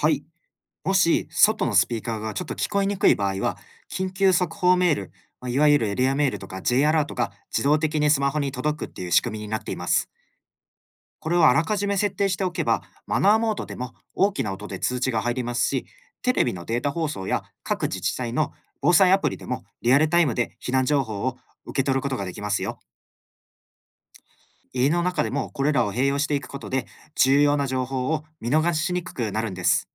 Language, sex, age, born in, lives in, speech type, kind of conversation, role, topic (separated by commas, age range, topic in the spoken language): Japanese, male, 25-29, Japan, Japan, read, expert-assistant, expert, 災害時に信頼できる情報をどのように集めればよいですか？